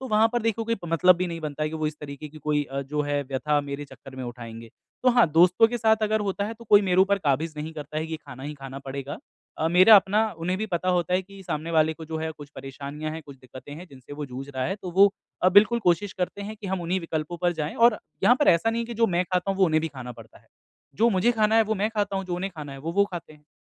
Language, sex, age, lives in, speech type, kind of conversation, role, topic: Hindi, male, 25-29, India, conversation, advice, user, सामाजिक मिलन में कई विकल्पों के बीच स्वस्थ और स्वादिष्ट भोजन कैसे चुनूँ?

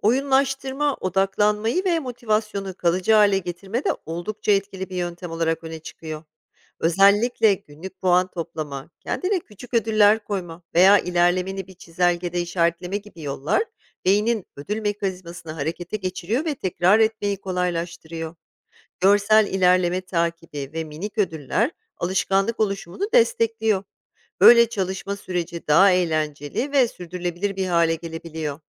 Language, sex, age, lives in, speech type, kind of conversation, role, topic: Turkish, female, 40-44, United States, read, expert-assistant, expert, Verimli öğrenme alışkanlıkları nasıl geliştirilir?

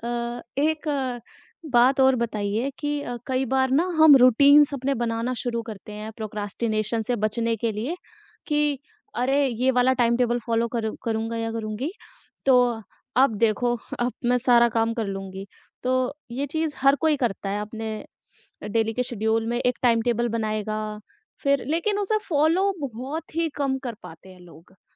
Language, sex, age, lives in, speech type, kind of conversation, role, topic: Hindi, female, 20-24, India, conversation, podcast, host, Tum procrastination ko kaise handle karte ho—koi tips hai?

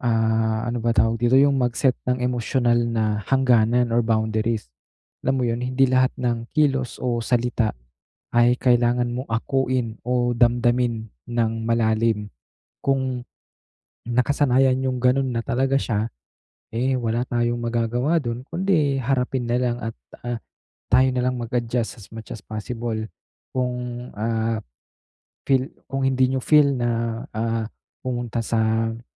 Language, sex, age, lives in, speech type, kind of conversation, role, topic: Filipino, male, 25-29, Philippines, conversation, advice, advisor, Paano ko haharapin ang selos at kumpetisyon sa loob ng pamilya?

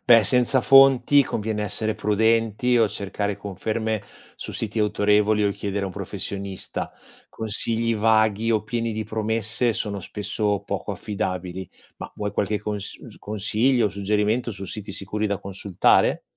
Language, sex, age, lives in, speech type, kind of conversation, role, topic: Italian, male, 45-49, Italy, read, expert-assistant, expert, Come posso riconoscere se i consigli infermieristici trovati online sono affidabili?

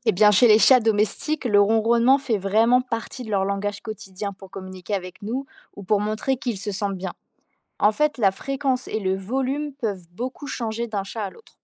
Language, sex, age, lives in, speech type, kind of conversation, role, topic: French, female, 20-24, France, read, expert-assistant, expert, Pourquoi les chats ronronnent-ils ?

